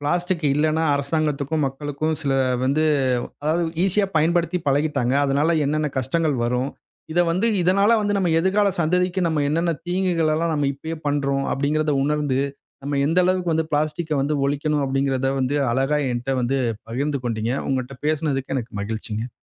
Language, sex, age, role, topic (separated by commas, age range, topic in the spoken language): Tamil, male, 35-39, host, பிளாஸ்டிக் இல்லாத வாழ்க்கையை நாம் எப்படிச் சாத்தியமாக்கலாம்?